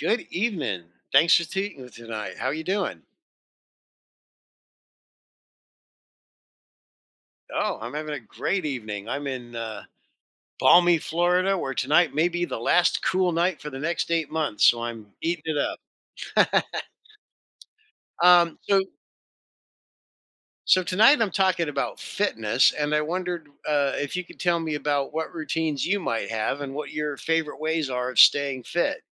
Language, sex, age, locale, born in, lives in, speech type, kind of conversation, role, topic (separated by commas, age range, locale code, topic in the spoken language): English, male, 60-64, en-US, United States, United States, conversation, podcast, host, How do you find balance and motivation in your fitness journey?